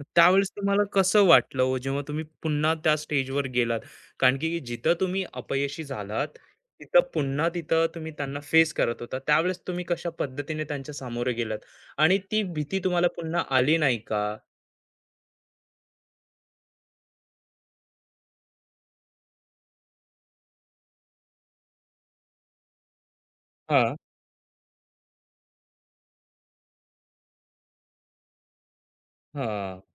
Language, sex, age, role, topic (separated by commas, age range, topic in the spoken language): Marathi, male, 25-29, host, अपयशानंतर पुन्हा सुरुवात कशी केलीस?